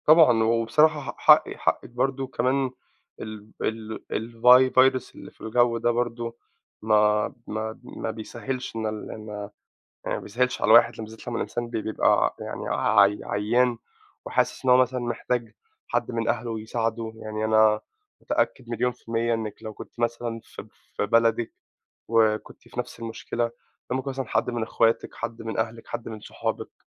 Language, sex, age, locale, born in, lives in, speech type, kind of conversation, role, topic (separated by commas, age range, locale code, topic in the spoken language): Arabic, male, 25-29, ar-EG, Egypt, United States, conversation, advice, advisor, إزاي بتوصّف إحساسك بفقدان الدعم الاجتماعي وعدم الانتماء في البداية؟